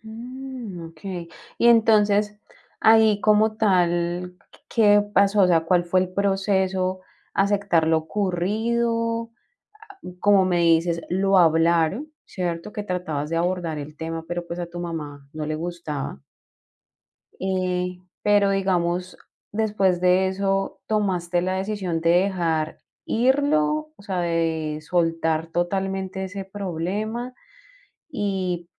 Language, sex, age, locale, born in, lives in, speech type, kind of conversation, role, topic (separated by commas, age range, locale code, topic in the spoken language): Spanish, female, 40-44, es-CO, Colombia, Spain, conversation, podcast, host, ¿Alguna vez tuviste que perdonar a un familiar y cómo fue?